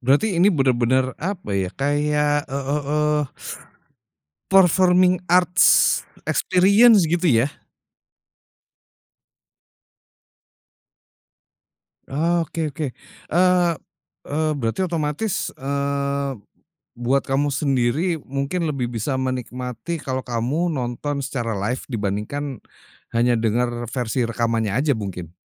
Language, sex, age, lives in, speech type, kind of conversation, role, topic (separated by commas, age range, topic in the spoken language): Indonesian, male, 40-44, Indonesia, conversation, podcast, host, Pernahkah kamu menonton pertunjukan musik langsung yang mengubah selera musikmu?